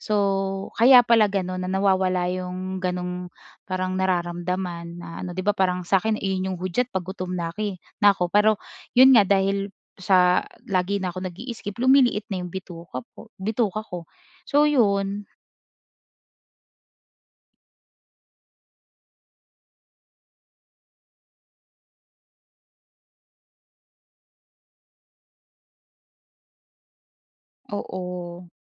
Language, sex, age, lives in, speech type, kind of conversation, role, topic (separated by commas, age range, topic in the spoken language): Filipino, female, 25-29, Philippines, conversation, advice, user, Paano ko maiiwasang malaktawan ang pagkain kapag sobrang abala ako sa trabaho?